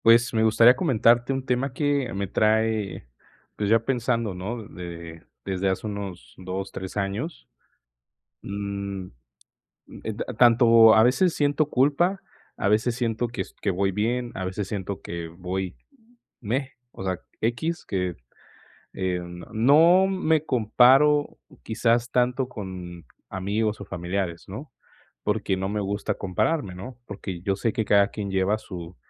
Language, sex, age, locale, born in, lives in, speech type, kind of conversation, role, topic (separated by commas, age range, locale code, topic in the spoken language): Spanish, male, 20-24, es-MX, Mexico, Mexico, conversation, advice, user, ¿Cómo puedo superar el miedo a quedarme atrás en la vida?